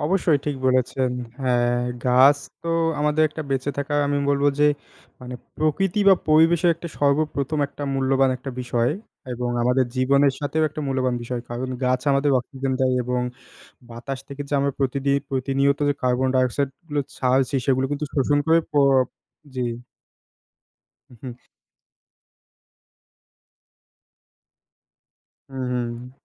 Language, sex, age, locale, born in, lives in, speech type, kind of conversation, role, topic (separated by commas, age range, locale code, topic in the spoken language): Bengali, male, 20-24, bn-BD, Bangladesh, Bangladesh, conversation, unstructured, none, আপনি কেন মনে করেন বৃক্ষরোপণ এত গুরুত্বপূর্ণ?